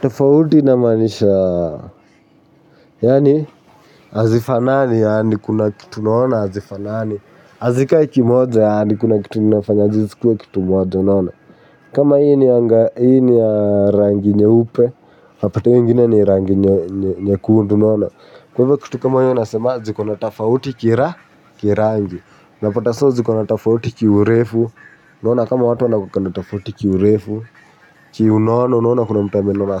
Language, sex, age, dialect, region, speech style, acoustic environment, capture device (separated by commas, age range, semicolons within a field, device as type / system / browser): Swahili, male, 18-24, Kenya - Nairobi Sheng-influenced, Kenya, happy, music; nature, mobile / Linux / Chrome